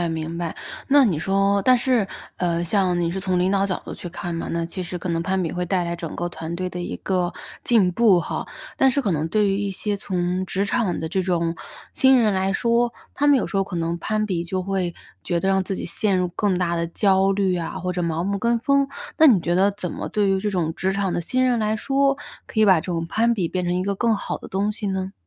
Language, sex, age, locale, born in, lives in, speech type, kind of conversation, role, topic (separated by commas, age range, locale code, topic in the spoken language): Chinese, female, 25-29, zh-CN, China, United States, conversation, podcast, host, 攀比会对职业成功产生什么影响？